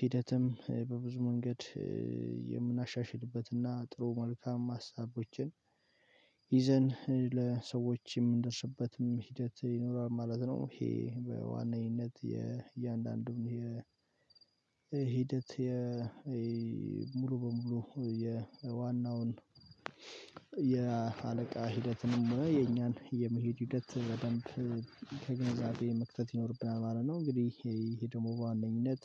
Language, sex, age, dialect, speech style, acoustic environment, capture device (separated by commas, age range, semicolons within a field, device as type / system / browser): Amharic, male, 18-24, Ethiopia - Addis Ababa, relaxed, silence, mobile / Linux / Chrome